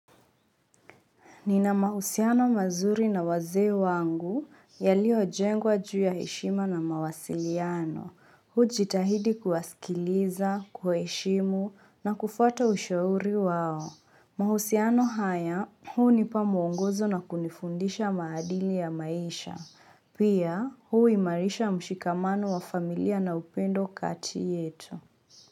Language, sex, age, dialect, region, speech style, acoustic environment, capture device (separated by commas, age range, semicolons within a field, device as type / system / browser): Swahili, female, 25-34, Kenya - Mombasa, Kenya, focused; relaxed, silence; construction; nature, mobile / Linux / Chrome